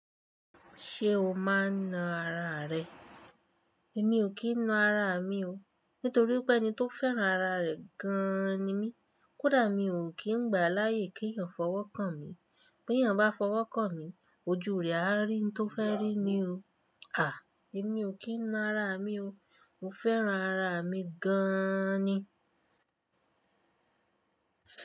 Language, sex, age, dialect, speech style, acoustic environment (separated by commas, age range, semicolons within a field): Yoruba, female, 25-34, Nigeria - Nigerian Standard, happy; relaxed, appliances; silence